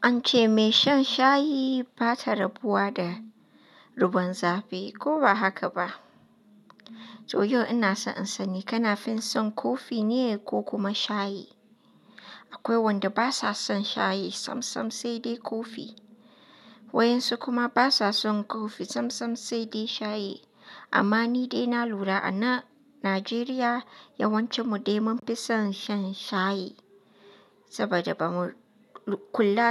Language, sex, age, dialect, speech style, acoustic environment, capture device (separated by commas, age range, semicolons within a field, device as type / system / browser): Hausa, female, 25-34, Nigeria - Katsina, relaxed, nature, mobile / Linux / Chrome